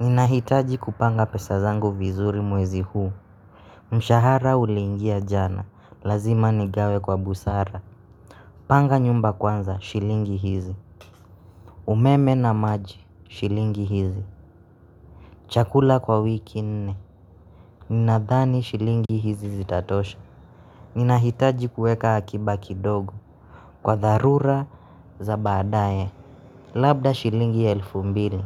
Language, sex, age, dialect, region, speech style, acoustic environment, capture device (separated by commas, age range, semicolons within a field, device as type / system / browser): Swahili, male, 25-34, Kenya - Nairobi Sheng-influenced, Kenya, excited, nature, mobile / Linux / Chrome